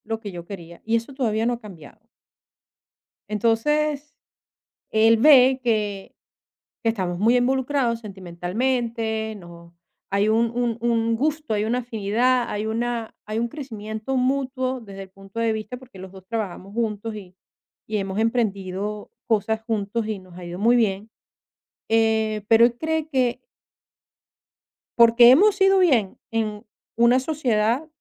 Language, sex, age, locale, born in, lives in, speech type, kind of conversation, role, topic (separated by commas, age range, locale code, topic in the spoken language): Spanish, female, 50-54, es-VE, Venezuela, Portugal, conversation, advice, user, ¿Cómo puedo manejar mi miedo al compromiso y dejar de evitar definir la relación?